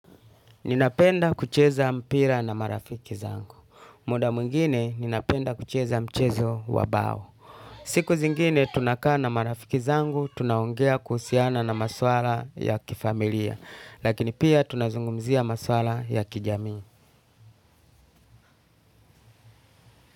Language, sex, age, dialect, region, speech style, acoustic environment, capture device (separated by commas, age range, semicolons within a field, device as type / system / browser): Swahili, male, 35-44, Kenya - Mombasa, Kenya, happy, nature, desktop / Linux / Chrome